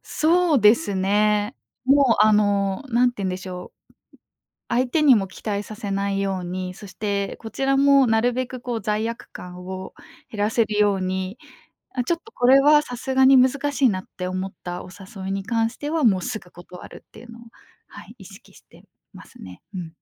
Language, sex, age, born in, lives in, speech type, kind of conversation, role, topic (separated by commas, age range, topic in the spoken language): Japanese, female, 25-29, Japan, Japan, conversation, podcast, guest, 断るときの勇気は、どうやって出していますか？